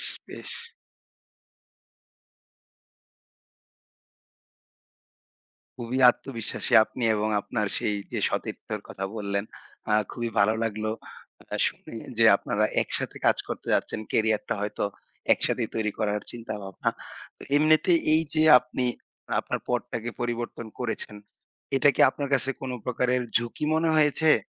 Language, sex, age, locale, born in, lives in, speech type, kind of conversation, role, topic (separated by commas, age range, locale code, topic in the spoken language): Bengali, male, 25-29, bn-BD, Bangladesh, Bangladesh, conversation, podcast, host, আপনি আপনার কর্মজীবন কীভাবে শুরু করেছিলেন, আর কোন কারণে সেই পথ বেছে নিয়েছিলেন?